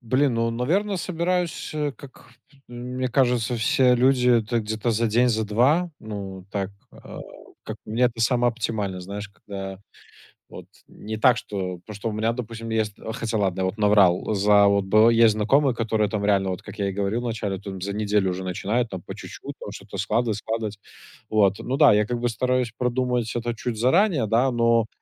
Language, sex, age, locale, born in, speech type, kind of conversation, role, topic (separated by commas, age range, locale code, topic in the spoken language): Russian, male, 25-29, ru-RU, Belarus, conversation, unstructured, none, Что тебя больше всего пугает в путешествиях?